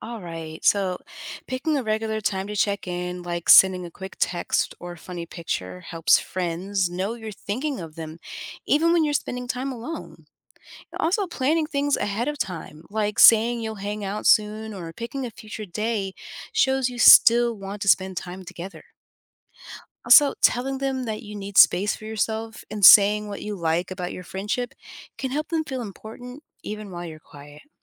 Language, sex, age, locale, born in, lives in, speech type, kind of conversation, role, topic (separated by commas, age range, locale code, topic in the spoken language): English, female, 30-34, en-US, United States, United States, read, expert-assistant, expert, How does showing empathy strengthen friendships?